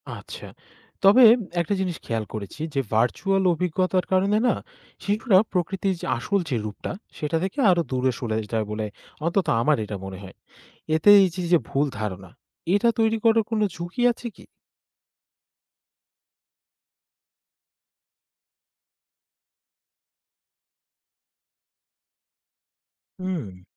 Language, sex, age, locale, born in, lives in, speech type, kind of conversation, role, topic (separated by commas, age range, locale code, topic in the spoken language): Bengali, male, 20-24, bn-BD, Bangladesh, Bangladesh, read, expert-assistant, asker, কেন কিছু সমাজে নদী বা বনকে পবিত্র মনে করা হয়, আর অন্য কোথাও তা হয় না?